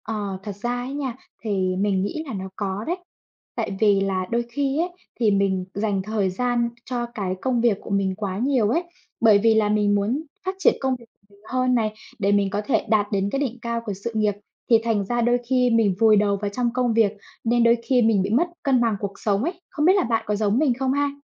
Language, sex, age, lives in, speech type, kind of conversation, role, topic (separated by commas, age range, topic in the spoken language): Vietnamese, female, 25-29, Vietnam, conversation, unstructured, none, Ước mơ lớn nhất của bạn là gì?